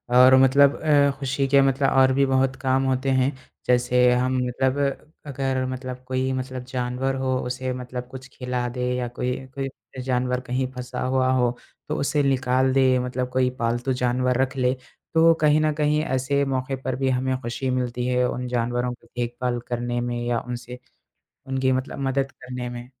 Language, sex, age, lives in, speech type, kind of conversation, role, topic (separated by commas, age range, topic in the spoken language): Hindi, male, 20-24, India, conversation, unstructured, none, आपको अपने काम में सबसे ज़्यादा खुशी कब मिलती है?